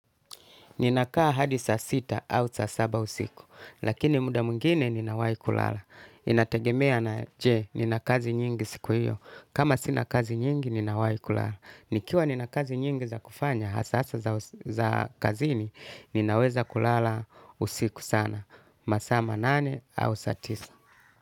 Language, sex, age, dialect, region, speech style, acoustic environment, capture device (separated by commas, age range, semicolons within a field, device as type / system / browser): Swahili, male, 35-44, Tanzania - Dar es Salaam, Tanzania, relaxed, music, desktop / Linux / Chrome